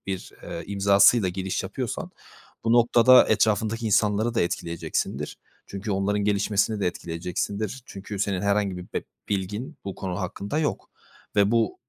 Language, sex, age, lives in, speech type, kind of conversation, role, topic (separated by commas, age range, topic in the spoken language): Turkish, male, 20-24, Poland, conversation, unstructured, none, Hedeflerine ulaşmak için etik olmayan yollara başvurmayı düşünür müsün?